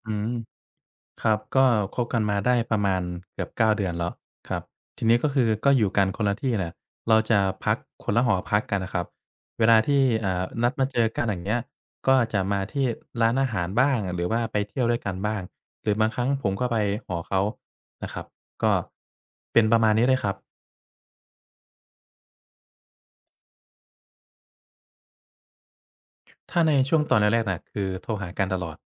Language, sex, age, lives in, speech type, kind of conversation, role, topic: Thai, male, 25-29, Thailand, conversation, advice, user, ฉันสงสัยว่าแฟนอาจนอกใจแต่ไม่อยากกล่าวหา ควรคุยกับเขายังไงดี?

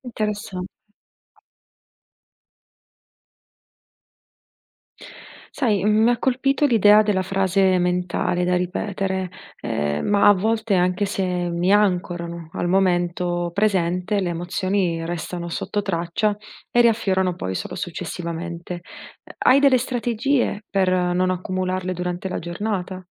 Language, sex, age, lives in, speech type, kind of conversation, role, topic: Italian, female, 30-34, Italy, read, expert-assistant, asker, Quali sono alcune abitudini che aiutano a gestire le emozioni difficili quando si lavora con persone in difficoltà?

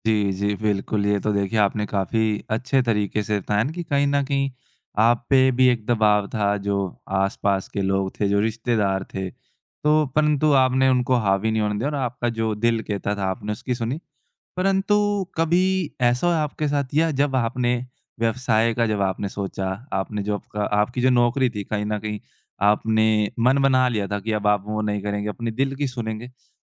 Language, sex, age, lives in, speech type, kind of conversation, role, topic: Hindi, male, 20-24, India, conversation, podcast, host, क्या आपने कभी अपने दिल की सुनकर कोई बड़ा फैसला लिया है?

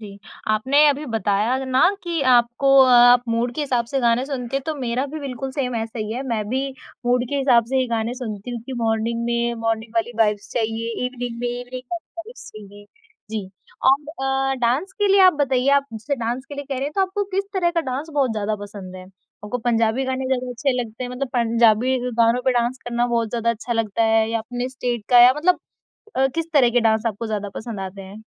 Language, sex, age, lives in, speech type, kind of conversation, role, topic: Hindi, female, 20-24, India, conversation, podcast, host, Tumhare experience mein hobbies se stress kaise kam hota hai?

